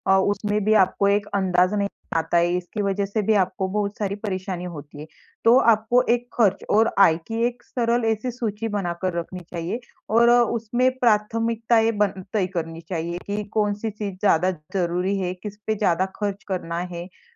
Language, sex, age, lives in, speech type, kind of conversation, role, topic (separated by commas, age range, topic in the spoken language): Hindi, female, 25-29, India, conversation, advice, advisor, अपने नए घर के खर्चों और बजट की अनिश्चितता से होने वाले तनाव के बारे में आप क्या बताना चाहेंगे?